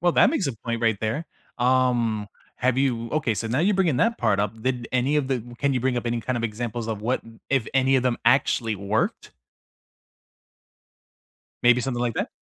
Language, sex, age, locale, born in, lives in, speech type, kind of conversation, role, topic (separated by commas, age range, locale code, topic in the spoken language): English, male, 35-39, en-US, United States, United States, conversation, unstructured, none, What meaningful habits help you stay close to old friends over the years?